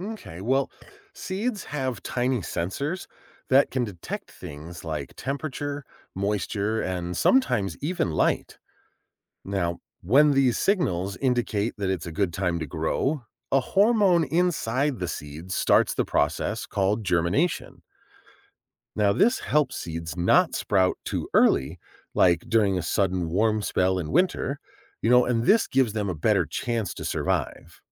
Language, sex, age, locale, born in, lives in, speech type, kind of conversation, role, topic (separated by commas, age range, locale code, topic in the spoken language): English, male, 40-44, en-US, United States, United States, read, expert-assistant, expert, How do seeds know when to start growing?